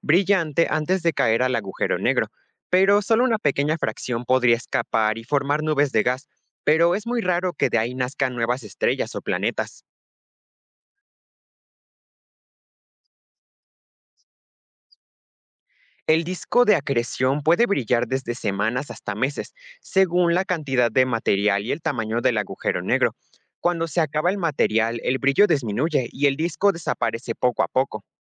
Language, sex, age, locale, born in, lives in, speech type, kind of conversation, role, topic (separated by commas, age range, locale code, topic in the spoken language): Spanish, male, 18-19, es-MX, Mexico, Mexico, read, expert-assistant, expert, ¿Es cierto que los agujeros negros pueden tragarse estrellas enteras o es solo una exageración?